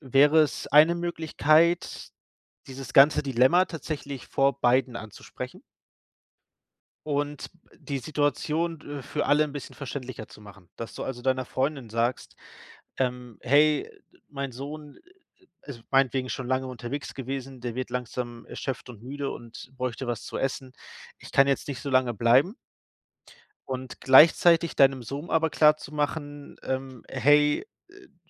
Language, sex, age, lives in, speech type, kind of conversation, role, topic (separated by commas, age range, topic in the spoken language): German, male, 30-34, Germany, conversation, advice, advisor, Wie kann ich Familienmitgliedern klare Grenzen setzen und sie verständlich erklären?